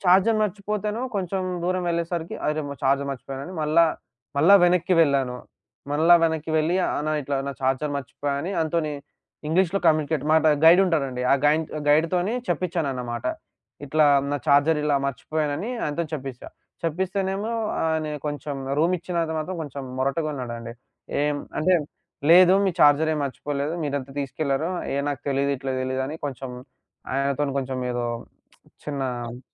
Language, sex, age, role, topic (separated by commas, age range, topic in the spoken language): Telugu, male, 20-24, guest, మొదటి ఒంటరి ప్రయాణం నుంచి మీరు ఏమి నేర్చుకున్నారు?